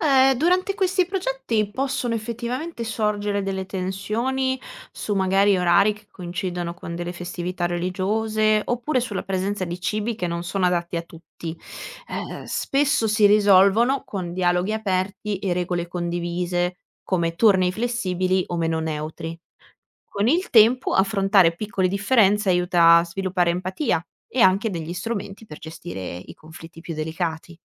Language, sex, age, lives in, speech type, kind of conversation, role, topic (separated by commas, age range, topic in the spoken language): Italian, female, 25-29, Italy, read, expert-assistant, expert, Come potrebbero le religioni imparare l’una dall’altra per affrontare i problemi di oggi?